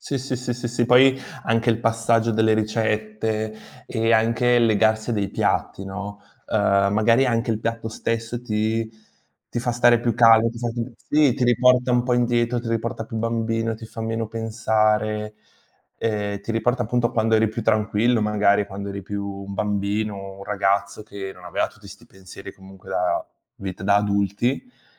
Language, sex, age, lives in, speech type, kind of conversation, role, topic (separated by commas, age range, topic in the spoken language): Italian, male, 20-24, Italy, conversation, unstructured, none, Qual è il tuo modo preferito per rilassarti dopo il lavoro?